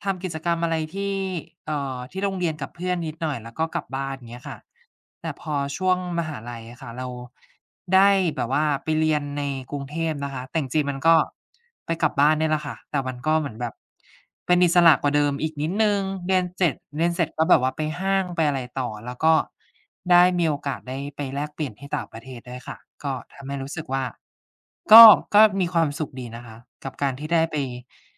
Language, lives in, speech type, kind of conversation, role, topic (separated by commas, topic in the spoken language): Thai, Thailand, conversation, unstructured, none, คุณจำช่วงเวลาที่มีความสุขที่สุดในวัยเด็กของคุณได้ไหม?